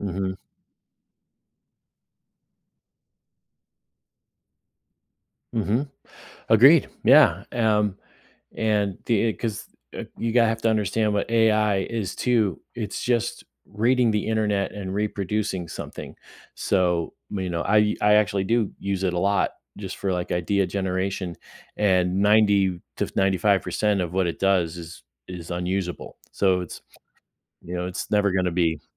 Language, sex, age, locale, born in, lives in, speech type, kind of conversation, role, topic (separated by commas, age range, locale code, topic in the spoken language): English, male, 50-54, en-US, United States, United States, conversation, podcast, guest, In what ways does sharing entertainment shape our connections with others?